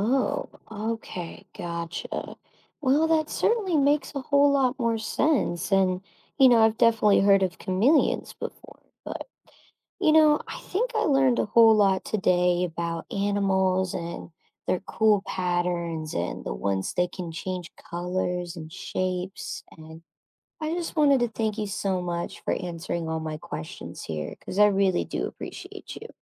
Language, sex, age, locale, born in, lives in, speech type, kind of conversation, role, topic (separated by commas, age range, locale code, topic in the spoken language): English, female, 25-29, en-US, United States, United States, read, expert-assistant, asker, Why do some animals have stripes or spots?